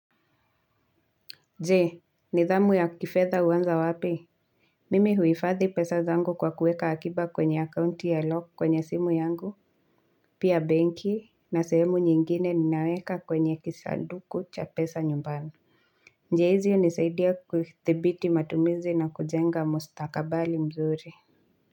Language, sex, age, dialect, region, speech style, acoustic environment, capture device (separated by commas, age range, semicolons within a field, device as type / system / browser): Swahili, female, 25-34, Kenya - Nairobi Sheng-influenced, Kenya, relaxed, nature, mobile / Linux / Chrome